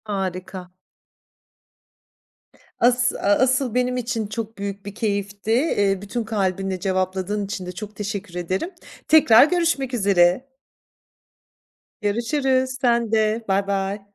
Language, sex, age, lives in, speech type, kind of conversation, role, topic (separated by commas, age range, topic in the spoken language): Turkish, female, 45-49, France, conversation, podcast, host, Aile yemekleri seni nasıl şekillendirdi, anlatır mısın?